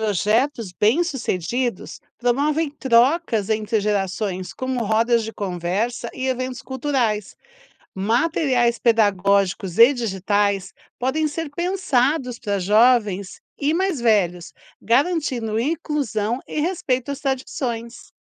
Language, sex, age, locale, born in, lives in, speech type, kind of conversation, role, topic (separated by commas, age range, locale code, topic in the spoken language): Portuguese, female, 55-59, pt-BR, Brazil, United States, read, expert-assistant, expert, Se você tivesse que escolher uma língua para ajudar a preservar, o que pesaria mais pra você: história, quantidade de pessoas que falam ou as tradições ligadas a ela?